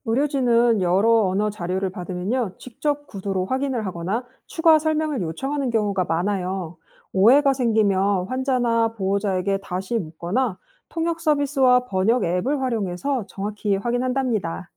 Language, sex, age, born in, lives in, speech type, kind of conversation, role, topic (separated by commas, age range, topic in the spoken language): Korean, female, 35-39, South Korea, France, read, expert-assistant, expert, 의사와 환자가 더 잘 소통할 수 있는 방법을 생각해볼 수 있을까요?